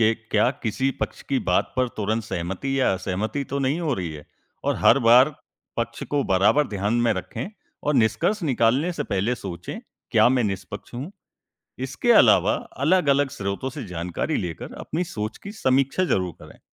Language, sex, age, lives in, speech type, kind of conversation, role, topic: Hindi, male, 20-24, India, read, expert-assistant, expert, मीडिया में रोज़मर्रा की खबरों को बेहतर ढंग से समझने और विश्लेषण करने के लिए कौन-सी आदतें फायदेमंद हो सकती हैं?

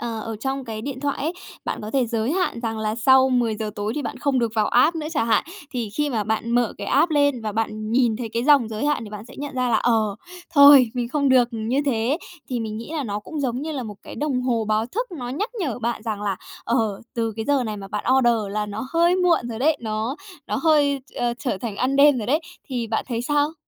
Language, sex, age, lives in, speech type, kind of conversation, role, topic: Vietnamese, female, 30-34, Japan, conversation, advice, advisor, Thói quen ăn vặt buổi tối nào khiến bạn hối hận vào sáng hôm sau?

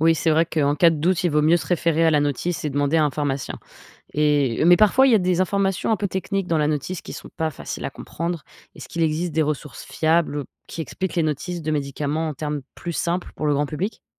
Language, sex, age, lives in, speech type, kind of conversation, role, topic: French, female, 25-29, United States, read, expert-assistant, asker, Comment reconnaître une information médicale fiable sur Internet ?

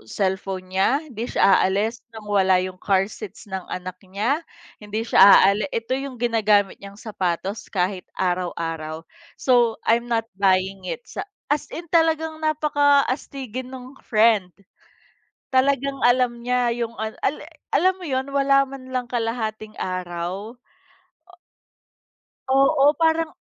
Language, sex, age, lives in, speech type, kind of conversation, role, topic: Filipino, female, 30-34, Philippines, conversation, unstructured, none, Paano mo inilalarawan ang isang mabuting kaibigan?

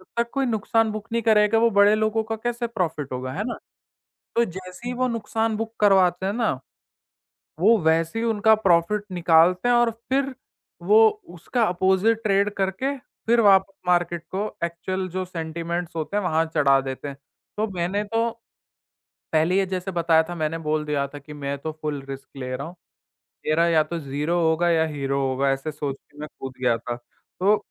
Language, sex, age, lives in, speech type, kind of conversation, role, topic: Hindi, male, 18-19, India, conversation, podcast, guest, Bade faislon mein tum risk lete ho ya safe khelte ho?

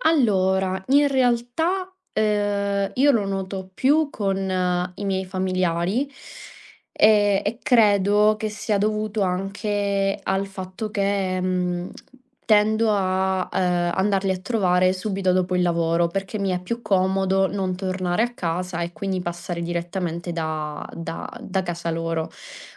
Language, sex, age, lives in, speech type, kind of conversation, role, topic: Italian, female, 25-29, Italy, conversation, advice, user, Come posso rimanere più presente quando passo tempo con la famiglia o con il partner?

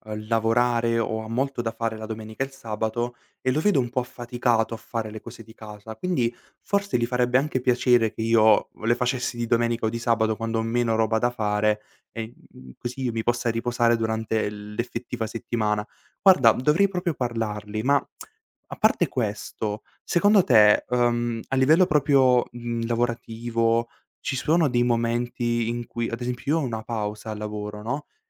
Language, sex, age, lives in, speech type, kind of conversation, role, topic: Italian, male, 18-19, Italy, conversation, advice, user, Come posso trovare tempo per la cura di me stessa e per riposare?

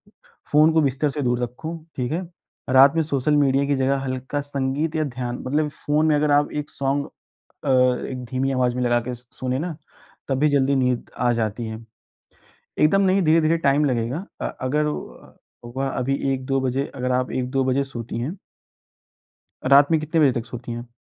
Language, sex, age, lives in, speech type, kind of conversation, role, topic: Hindi, male, 20-24, India, conversation, advice, advisor, मैं रात को जल्दी और चिंता‑मुक्त सोने के लिए एक शांत सोने की दिनचर्या कैसे बना सकता/सकती हूँ?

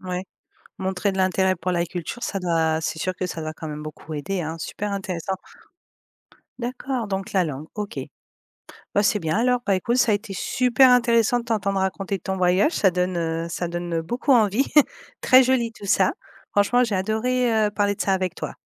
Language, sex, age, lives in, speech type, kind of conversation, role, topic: French, female, 35-39, Spain, conversation, podcast, host, Peux-tu parler d’un voyage qui t’a transformé ?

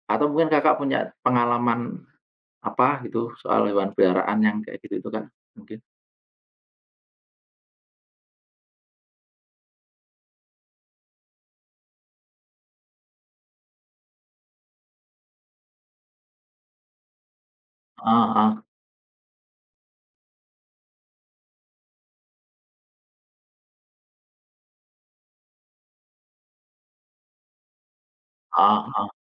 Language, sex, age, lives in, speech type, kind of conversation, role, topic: Indonesian, male, 40-44, Indonesia, conversation, unstructured, none, Apakah kamu setuju dengan larangan membiarkan hewan peliharaan berkeliaran bebas?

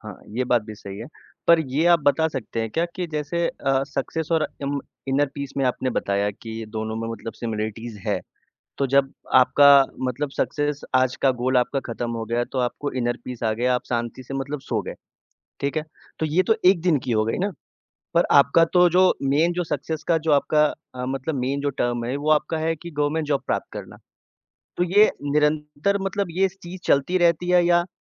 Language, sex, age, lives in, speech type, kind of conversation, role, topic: Hindi, male, 25-29, India, conversation, podcast, host, Tumhare hisaab se success ka asli matlab kya hai?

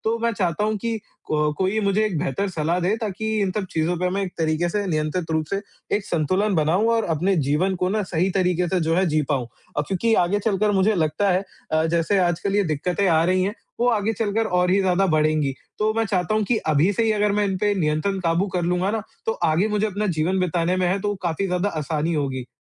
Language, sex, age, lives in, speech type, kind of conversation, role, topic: Hindi, male, 45-49, India, conversation, advice, user, मैं नई आदतों में नियमितता क्यों नहीं बनाए रख पाता/पाती हूँ, और इसे कैसे सुधारूँ?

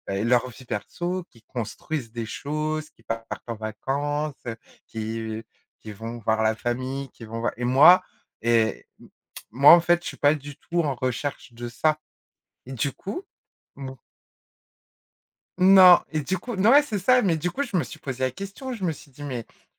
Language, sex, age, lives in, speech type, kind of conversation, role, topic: French, female, 40-44, France, conversation, podcast, guest, Comment trouves-tu l’équilibre entre le travail et la vie personnelle ?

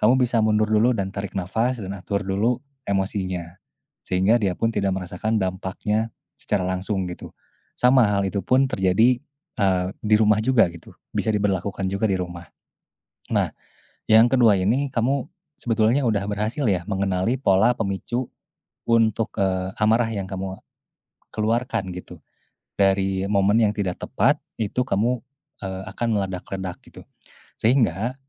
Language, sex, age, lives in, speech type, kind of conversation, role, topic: Indonesian, male, 20-24, Indonesia, conversation, advice, advisor, Mengapa saya sering marah lalu menyesal?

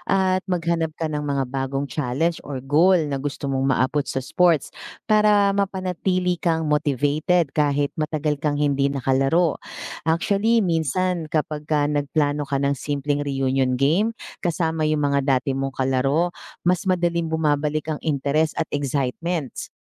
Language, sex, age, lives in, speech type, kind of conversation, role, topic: Filipino, female, 40-44, Philippines, read, expert-assistant, expert, Paano nakakatulong ang sports sa paghubog ng disiplina?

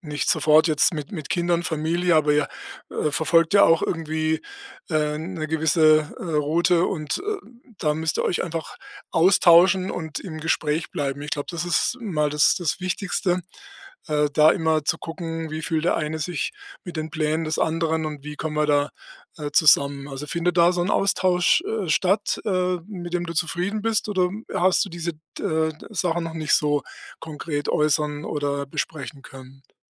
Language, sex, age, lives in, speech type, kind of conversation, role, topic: German, male, 60-64, Germany, conversation, advice, advisor, Wie gehe ich damit um, dass Gleichaltrige andere Lebensziele haben als ich?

